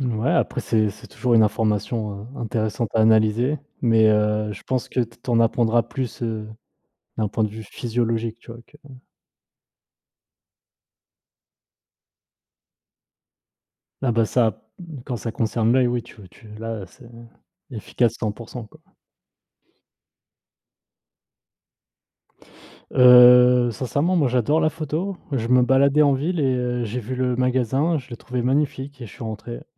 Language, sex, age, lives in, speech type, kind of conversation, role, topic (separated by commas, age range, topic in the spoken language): French, male, 30-34, France, conversation, unstructured, none, As-tu déjà eu l’impression d’être exploité au travail ?